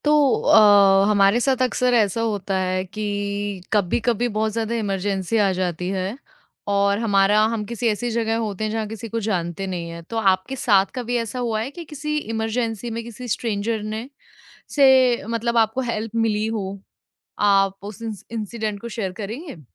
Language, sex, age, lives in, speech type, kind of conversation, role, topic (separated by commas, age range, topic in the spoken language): Hindi, female, 25-29, India, conversation, podcast, host, Kya kisi emergency mein tumhe kisi anjaan insaan se madad mili thi?